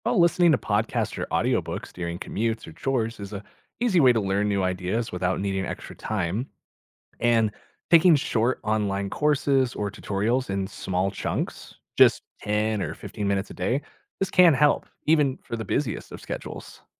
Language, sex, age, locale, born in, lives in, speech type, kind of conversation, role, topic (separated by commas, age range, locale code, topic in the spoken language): English, male, 30-34, en-US, United States, United States, read, expert-assistant, expert, Why should we value lifelong learning?